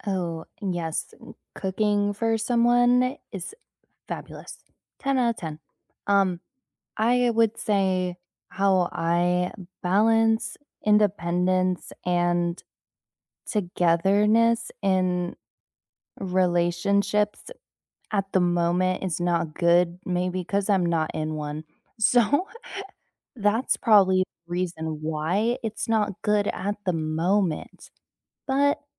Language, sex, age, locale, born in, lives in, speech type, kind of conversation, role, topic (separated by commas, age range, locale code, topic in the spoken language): English, female, 20-24, en-US, United States, United States, conversation, unstructured, none, How do you balance independence and togetherness in relationships?